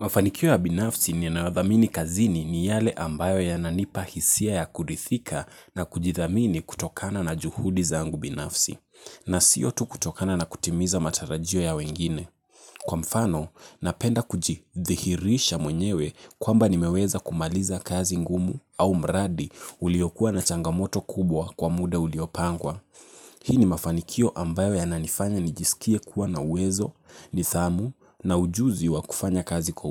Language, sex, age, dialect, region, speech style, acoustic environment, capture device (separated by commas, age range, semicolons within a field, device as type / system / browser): Swahili, male, 18-24, Kenya - Nairobi Sheng-influenced, Kenya, relaxed, nature; traffic, mobile / Linux / Chrome